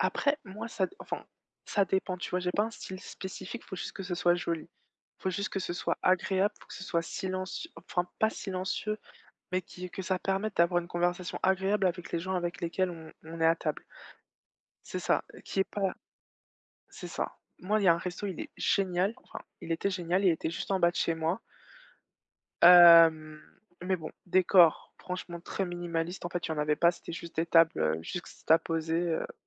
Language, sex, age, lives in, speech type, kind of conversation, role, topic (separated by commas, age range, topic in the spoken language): French, female, 25-29, France, conversation, unstructured, none, Comment choisissez-vous votre restaurant préféré pour une sortie ?